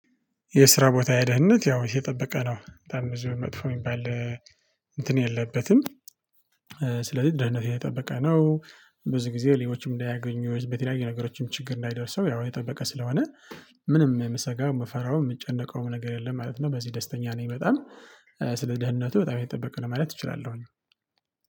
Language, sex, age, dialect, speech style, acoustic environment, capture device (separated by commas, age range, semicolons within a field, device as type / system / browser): Amharic, male, 25-34, Ethiopia - Tigray, happy, nature, mobile / Linux / Chrome